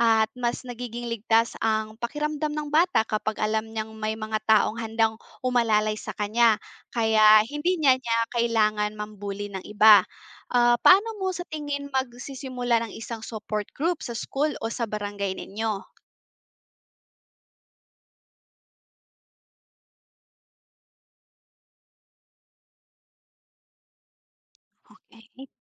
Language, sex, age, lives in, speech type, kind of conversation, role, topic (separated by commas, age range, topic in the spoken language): Filipino, female, 25-29, Philippines, read, expert-assistant, expert, Paano nakakaapekto ang bullying sa pag-uugali ng kabataan?